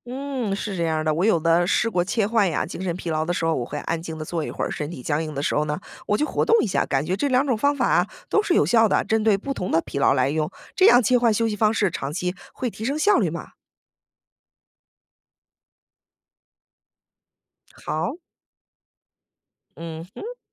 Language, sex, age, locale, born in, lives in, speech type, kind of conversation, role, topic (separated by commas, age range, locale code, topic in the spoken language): Chinese, female, 35-39, zh-CN, United States, United States, read, expert-assistant, asker, 怎样把休息和工作巧妙混合，使自己既高效又不容易疲惫？